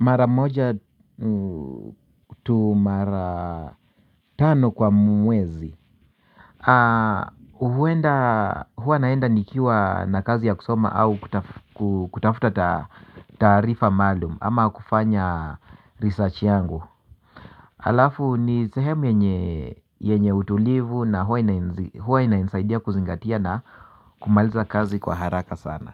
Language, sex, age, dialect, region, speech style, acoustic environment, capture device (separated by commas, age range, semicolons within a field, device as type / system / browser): Swahili, male, 45-54, Kenya - Nairobi Sheng-influenced, Kenya, anxious, nature; silence, mobile / Linux / Chrome